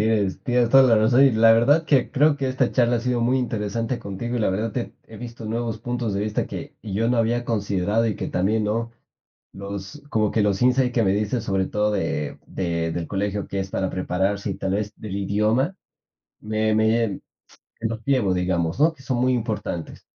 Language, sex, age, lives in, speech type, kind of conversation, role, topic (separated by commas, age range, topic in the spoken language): Spanish, male, 25-29, Spain, conversation, podcast, host, ¿Qué le dirías a tu yo de 15 años sobre la escuela?